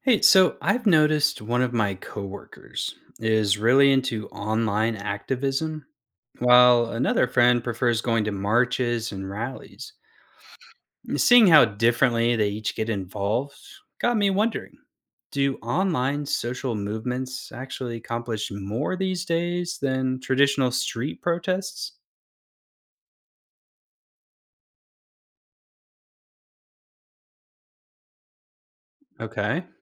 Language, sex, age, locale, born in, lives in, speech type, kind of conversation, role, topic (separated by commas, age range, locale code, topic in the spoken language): English, male, 35-39, en-US, United States, United States, read, expert-assistant, asker, Are online social movements more effective than traditional street protests in today’s world?